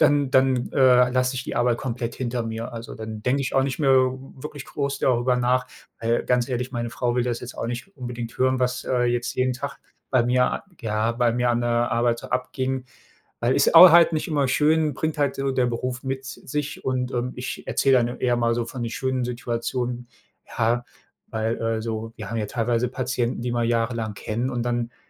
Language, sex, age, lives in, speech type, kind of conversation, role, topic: German, male, 35-39, Germany, conversation, unstructured, none, Was machst du, wenn dich jemand unfair behandelt?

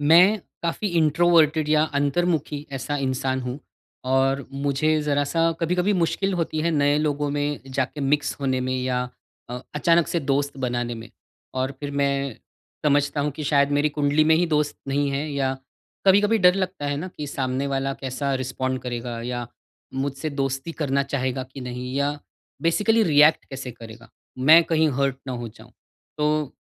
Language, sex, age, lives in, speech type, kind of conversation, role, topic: Hindi, male, 40-44, India, conversation, advice, user, दोस्त बनाने और रिश्ते निभाने में मुझे कठिनाई क्यों होती है?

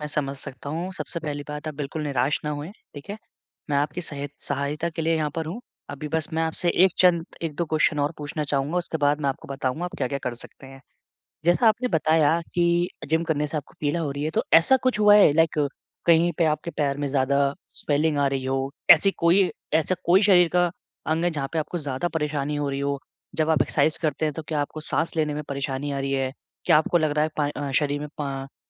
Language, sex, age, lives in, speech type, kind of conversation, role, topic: Hindi, male, 25-29, India, conversation, advice, advisor, चोट के बाद मैं अपनी प्रेरणा कैसे बनाए रख सकता/सकती हूँ?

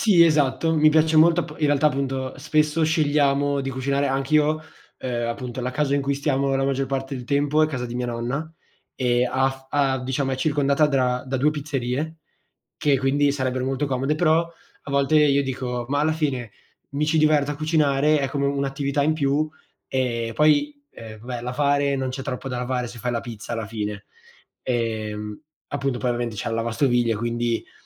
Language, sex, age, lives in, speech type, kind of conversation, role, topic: Italian, male, 18-19, Italy, conversation, unstructured, none, Ti piace cucinare insieme a qualcuno e com’è di solito l’esperienza?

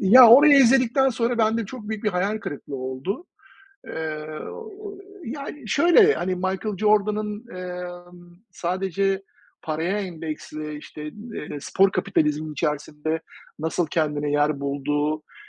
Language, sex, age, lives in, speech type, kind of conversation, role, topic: Turkish, male, 45-49, United States, conversation, unstructured, none, Tarihte seni en çok etkileyen kahraman kimdi?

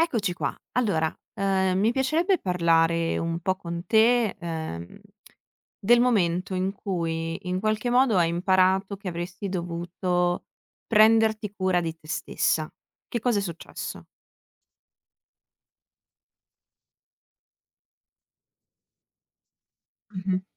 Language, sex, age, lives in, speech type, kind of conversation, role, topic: Italian, female, 25-29, Italy, conversation, podcast, host, Quando hai imparato a prenderti cura di te e com'è successo?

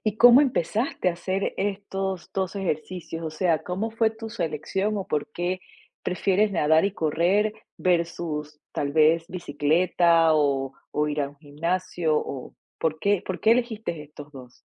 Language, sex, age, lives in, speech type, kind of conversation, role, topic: Spanish, female, 45-49, United States, conversation, podcast, host, ¿Cuál es tu pasatiempo favorito y por qué te atrapa tanto?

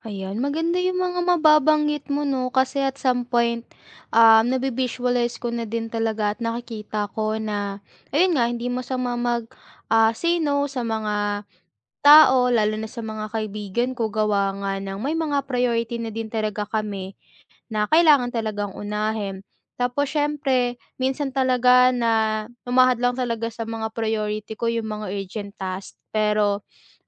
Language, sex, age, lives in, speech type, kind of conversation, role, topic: Filipino, female, 20-24, Philippines, conversation, advice, user, Paano ko mababalanse ang agarang mga gawain at ang pangmatagalang mga layunin?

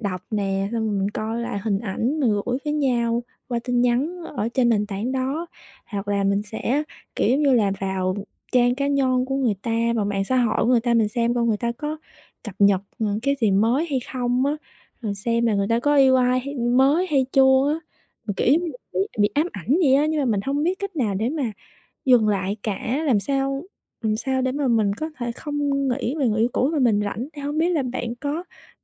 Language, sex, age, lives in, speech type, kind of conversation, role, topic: Vietnamese, female, 25-29, Vietnam, conversation, advice, user, Làm sao để tôi ngừng nghĩ về người yêu cũ mọi lúc?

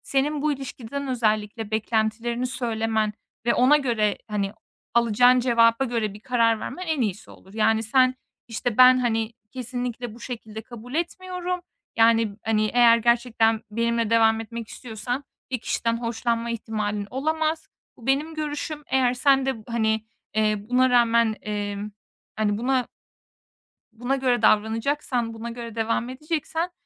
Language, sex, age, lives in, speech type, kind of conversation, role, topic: Turkish, female, 25-29, Estonia, conversation, advice, advisor, Aldatma sonrası ilişkiyi sürdürmeli miyim, yoksa bitirmeli miyim?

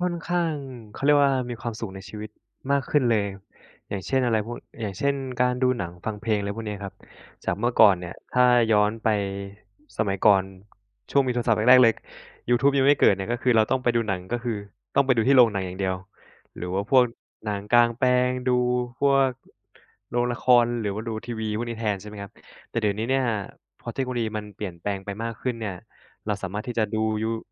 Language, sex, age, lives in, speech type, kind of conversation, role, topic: Thai, male, 25-29, Thailand, conversation, unstructured, none, คุณคิดว่าเทคโนโลยีช่วยให้คุณมีความสุขกับชีวิตมากขึ้นไหม?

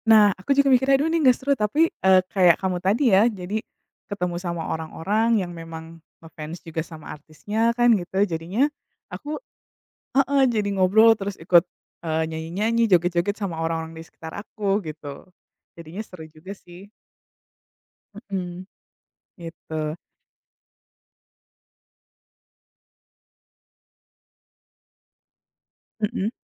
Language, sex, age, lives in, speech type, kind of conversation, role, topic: Indonesian, female, 35-39, United States, conversation, unstructured, none, Apa pengalaman paling berkesan yang pernah kamu alami saat menonton pertunjukan musik?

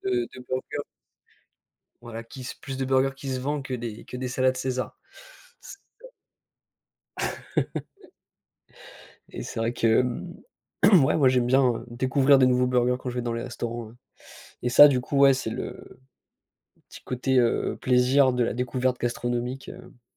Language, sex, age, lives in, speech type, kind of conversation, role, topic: French, male, 25-29, France, conversation, unstructured, none, Est-ce que cuisiner est pour toi un plaisir ou une corvée ?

